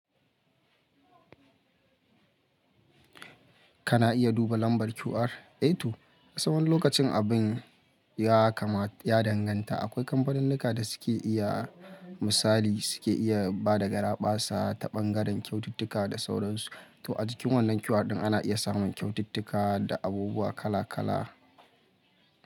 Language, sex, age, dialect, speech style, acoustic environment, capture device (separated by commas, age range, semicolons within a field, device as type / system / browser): Hausa, male, 25-34, Nigeria - Kano, focused, people talking; music, mobile / Linux / Chrome